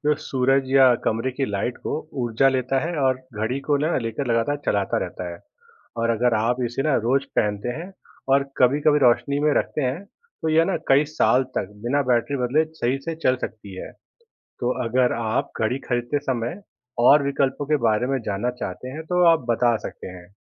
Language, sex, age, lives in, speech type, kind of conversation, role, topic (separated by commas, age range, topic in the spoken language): Hindi, male, 30-34, India, read, expert-assistant, expert, पानी से चलने वाली घड़ी और बैटरी से चलने वाली घड़ी में क्या अंतर है?